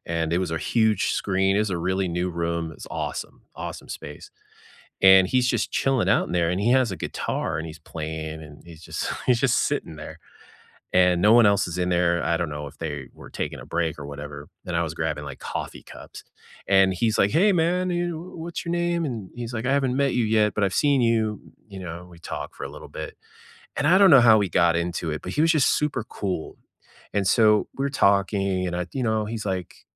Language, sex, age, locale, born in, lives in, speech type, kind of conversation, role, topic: English, male, 50-54, en-US, United States, United States, conversation, unstructured, none, What’s a conversation you can’t forget, and how did it shape you?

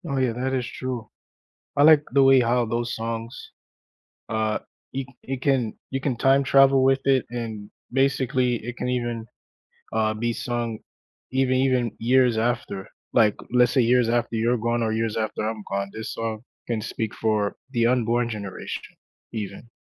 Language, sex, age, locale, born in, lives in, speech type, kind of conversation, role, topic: English, male, 35-39, en-US, United States, United States, conversation, unstructured, none, What song brings back strong memories for you?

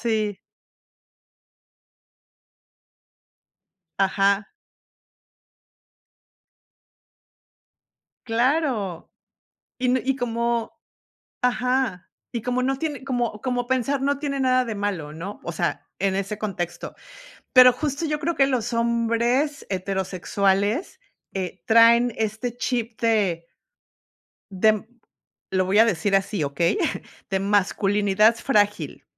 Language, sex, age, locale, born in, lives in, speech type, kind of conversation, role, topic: Spanish, female, 50-54, es-MX, Mexico, United States, conversation, unstructured, none, ¿Crees que es importante hablar sobre tus emociones?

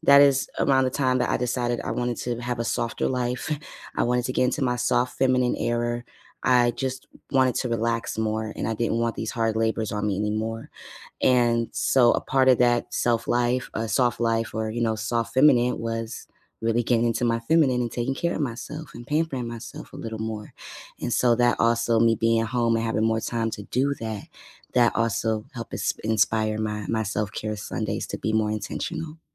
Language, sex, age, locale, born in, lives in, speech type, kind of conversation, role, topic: English, female, 30-34, en-US, United States, United States, conversation, unstructured, none, What personal traditions have you started as an adult, and how do they help you feel connected?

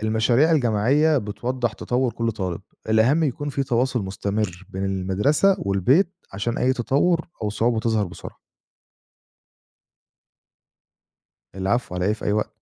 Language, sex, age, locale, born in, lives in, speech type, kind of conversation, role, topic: Arabic, male, 25-29, ar-EG, Egypt, Egypt, read, expert-assistant, expert, فيه كلام كتير عن الذكاء الاصطناعي في التعليم، بس هل فعلاً الأدوات دي بتساعد الطلبة يتعلموا أحسن ولا فيه مبالغة في تأثيرها؟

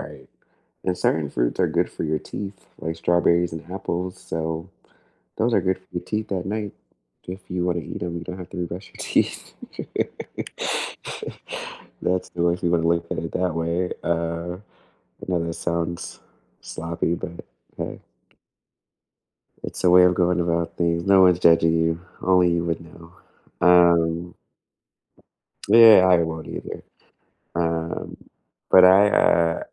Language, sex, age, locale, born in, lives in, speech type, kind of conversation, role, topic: English, other, 30-34, en-US, United States, United States, conversation, unstructured, none, What sleep tweaks and bedtime routines have actually worked for you?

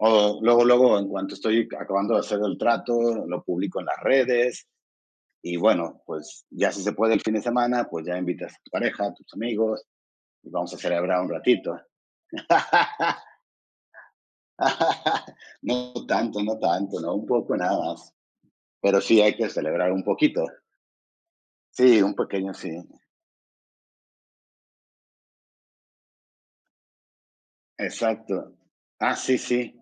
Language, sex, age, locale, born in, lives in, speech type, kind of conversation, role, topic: Spanish, male, 55-59, es-MX, Mexico, Mexico, conversation, unstructured, none, ¿Cómo te sientes cuando alcanzas un objetivo en el trabajo?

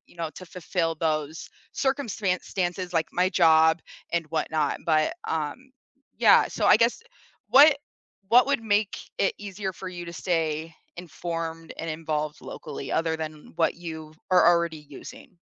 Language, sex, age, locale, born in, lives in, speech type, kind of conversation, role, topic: English, female, 25-29, en-US, United States, United States, conversation, unstructured, none, What is your go-to way to keep up with local government decisions and neighborhood updates?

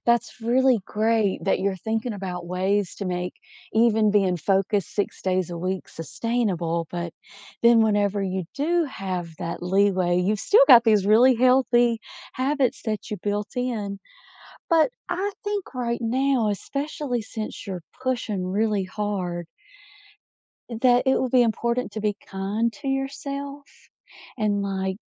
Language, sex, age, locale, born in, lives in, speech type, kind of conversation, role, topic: English, female, 40-44, en-US, United States, United States, conversation, advice, advisor, How can I manage stress from daily responsibilities?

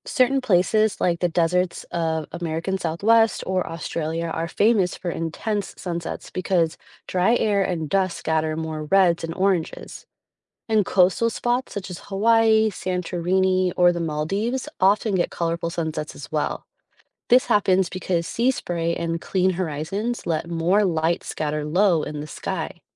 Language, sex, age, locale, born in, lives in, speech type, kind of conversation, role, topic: English, female, 35-39, en-US, United States, United States, read, expert-assistant, expert, What causes the colors seen at sunset?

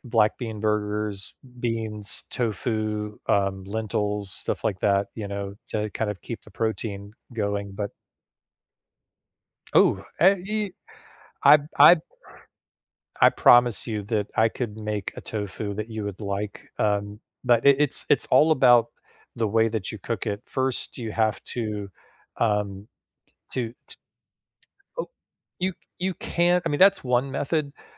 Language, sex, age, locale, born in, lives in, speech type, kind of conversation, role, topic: English, male, 45-49, en-US, United States, United States, conversation, unstructured, none, What are your plans for the weekend—recharging at home, exploring nearby, or doing something spontaneous?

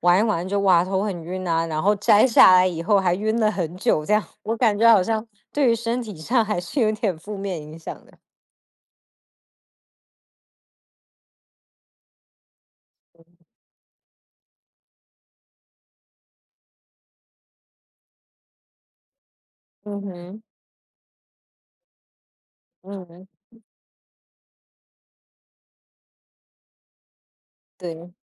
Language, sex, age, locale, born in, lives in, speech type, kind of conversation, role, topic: Chinese, female, 30-34, zh-TW, Taiwan, United States, conversation, unstructured, none, 虚拟现实会影响我们的学习吗？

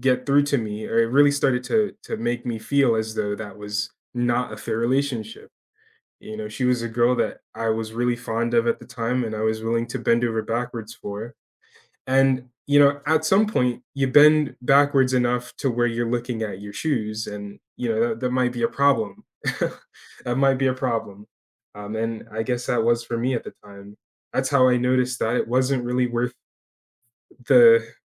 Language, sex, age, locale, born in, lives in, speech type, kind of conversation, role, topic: English, male, 20-24, en-US, United States, United States, conversation, unstructured, none, How do you balance fairness and kindness in everyday tradeoffs with people you love?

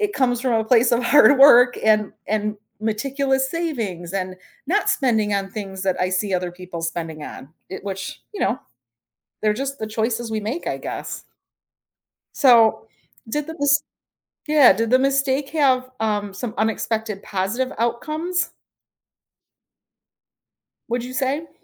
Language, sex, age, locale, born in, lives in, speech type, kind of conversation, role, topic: English, female, 45-49, en-US, United States, United States, conversation, podcast, host, How can learning from mistakes shape your personal growth?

